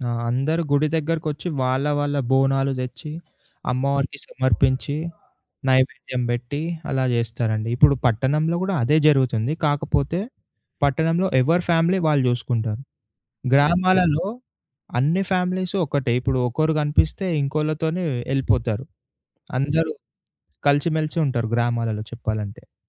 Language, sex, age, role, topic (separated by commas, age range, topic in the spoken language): Telugu, male, 20-24, guest, పండుగల సమయంలో పర్యావరణాన్ని దృష్టిలో పెట్టుకుని ఎలా జరుపుకోవాలి?